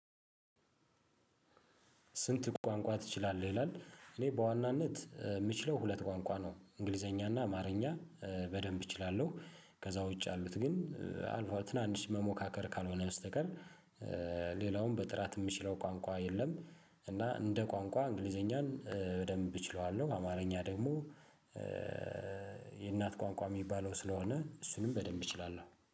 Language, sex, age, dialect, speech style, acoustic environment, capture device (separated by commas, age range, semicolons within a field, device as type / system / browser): Amharic, male, 35-44, Ethiopia - Addis Ababa, happy; relaxed, silence, mobile / Linux / Chrome